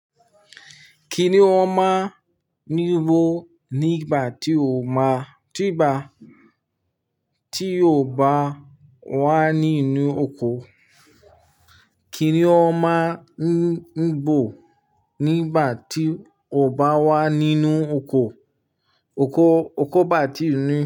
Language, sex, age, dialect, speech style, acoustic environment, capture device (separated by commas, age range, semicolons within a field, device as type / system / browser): Yoruba, male, 25-34, Nigeria - Nigerian Standard, happy, people talking; silence; nature, mobile / Linux / Chrome